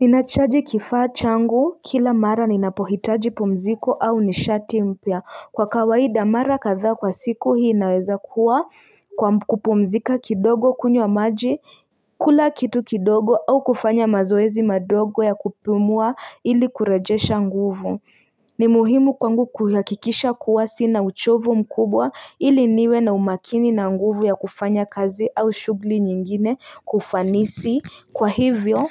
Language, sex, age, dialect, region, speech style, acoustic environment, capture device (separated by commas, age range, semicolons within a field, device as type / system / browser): Swahili, female, 25-34, Kenya - Nairobi Sheng-influenced, Kenya, tired, music; traffic, mobile / Linux / Chrome